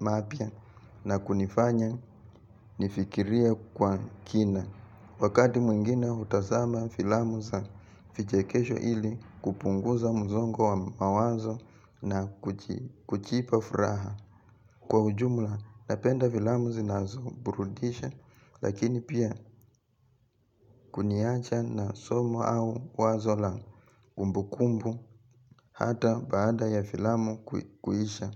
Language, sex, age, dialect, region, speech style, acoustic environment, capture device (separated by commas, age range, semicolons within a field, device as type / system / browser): Swahili, male, 25-34, Kenya - Nairobi Sheng-influenced, Kenya, relaxed, nature; silence, mobile / Linux / Chrome